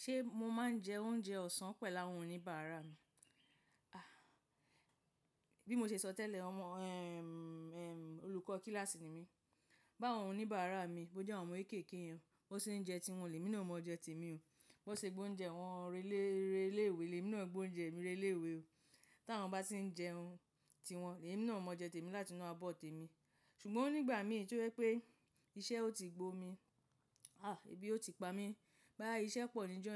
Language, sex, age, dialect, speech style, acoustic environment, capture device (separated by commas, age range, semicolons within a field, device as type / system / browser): Yoruba, female, 35-44, Nigeria - Lagos Yoruba, happy, silence, mobile / macOS / Safari